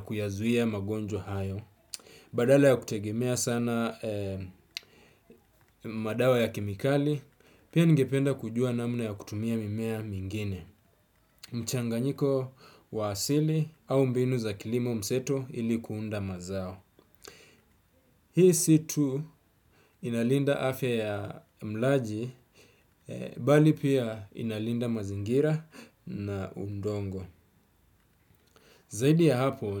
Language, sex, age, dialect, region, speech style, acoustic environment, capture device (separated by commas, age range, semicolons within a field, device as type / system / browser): Swahili, male, 25-34, Kenya - Mombasa, Kenya, relaxed, silence, desktop / Windows / Chrome